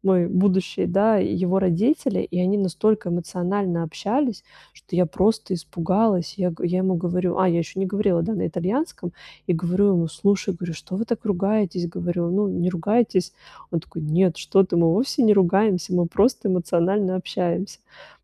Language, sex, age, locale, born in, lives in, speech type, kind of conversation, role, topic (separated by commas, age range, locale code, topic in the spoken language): Russian, female, 35-39, ru-RU, Russia, Italy, conversation, advice, user, Как мне лучше понимать невербальные сигналы в другой культуре?